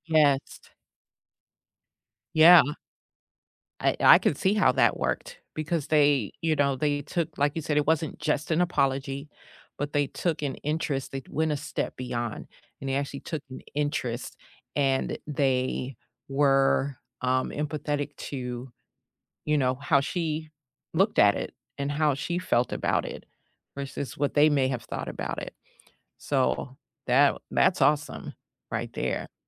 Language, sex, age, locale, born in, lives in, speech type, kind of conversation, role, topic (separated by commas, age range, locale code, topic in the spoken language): English, female, 50-54, en-US, United States, United States, conversation, unstructured, none, What is the best way to apologize when you are wrong?